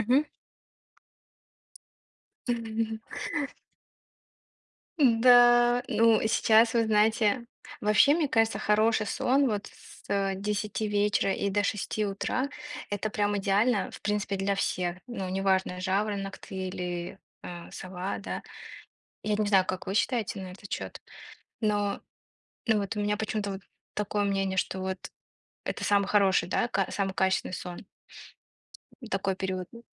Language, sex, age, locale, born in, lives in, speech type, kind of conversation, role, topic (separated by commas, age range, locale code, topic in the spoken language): Russian, female, 25-29, ru-RU, Russia, United States, conversation, unstructured, none, Какие привычки ты считаешь важными для поддержания хорошего настроения?